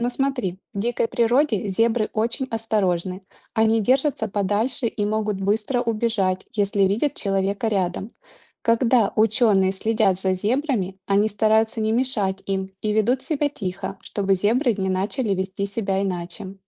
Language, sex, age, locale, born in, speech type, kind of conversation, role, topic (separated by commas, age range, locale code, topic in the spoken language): Russian, female, 35-39, ru-RU, Ukraine, read, expert-assistant, expert, Почему у зебры полоски?